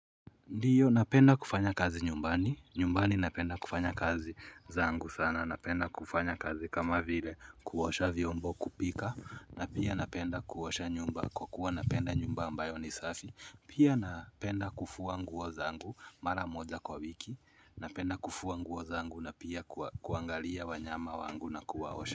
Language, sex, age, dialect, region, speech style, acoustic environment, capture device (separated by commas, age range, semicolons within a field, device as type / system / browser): Swahili, male, 25-34, Kenya - Nairobi Sheng-influenced, Kenya, happy; nervous, nature, mobile / Linux / Chrome